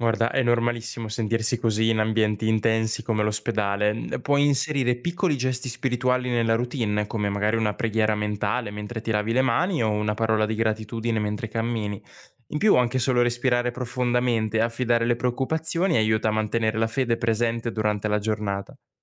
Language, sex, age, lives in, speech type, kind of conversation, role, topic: Italian, male, 20-24, Italy, read, expert-assistant, expert, Quali abitudini semplici aiutano a mantenere viva la fede nella vita quotidiana?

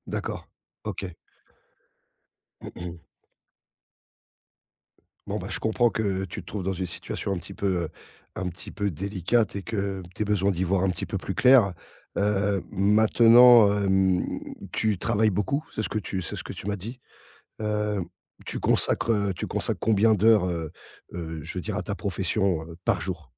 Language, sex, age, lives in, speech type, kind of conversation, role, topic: French, male, 40-44, France, conversation, advice, advisor, Comment votre surcharge de travail vous laisse-t-elle peu ou pas de temps pour vous, et quelles conséquences cela a-t-il sur votre quotidien ?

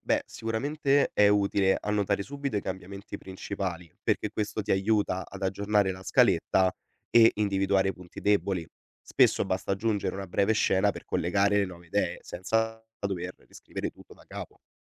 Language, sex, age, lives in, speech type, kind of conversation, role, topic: Italian, male, 20-24, Italy, read, expert-assistant, expert, Come si può bilanciare organizzazione e creatività nella scrittura di racconti?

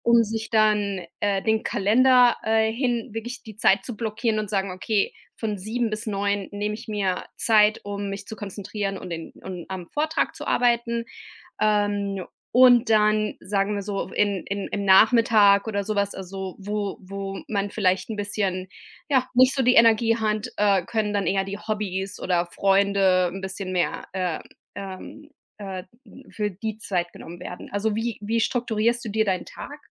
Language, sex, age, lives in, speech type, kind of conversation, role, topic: German, female, 35-39, United States, conversation, advice, advisor, Wie fange ich am besten an, wenn ich mich von zu vielen Zielen überwältigt fühle?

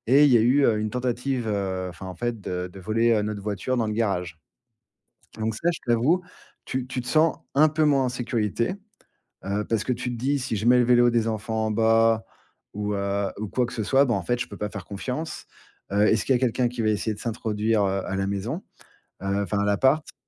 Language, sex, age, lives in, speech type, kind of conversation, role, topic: French, male, 40-44, France, conversation, podcast, guest, Qu’est-ce qui te fait te sentir en sécurité chez toi ?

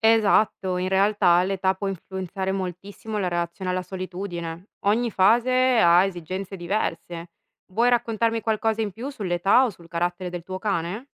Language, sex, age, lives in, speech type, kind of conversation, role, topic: Italian, female, 30-34, Italy, read, expert-assistant, expert, Quali sono i modi più efficaci per aiutare un animale domestico a superare la paura quando resta solo in casa?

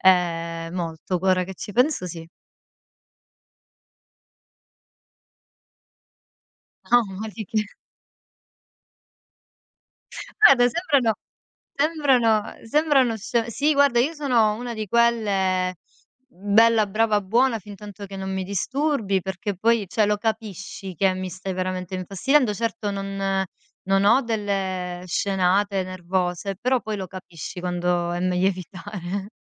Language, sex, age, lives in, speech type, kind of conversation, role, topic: Italian, female, 35-39, Italy, conversation, podcast, guest, Come gestisci le emozioni durante una discussione?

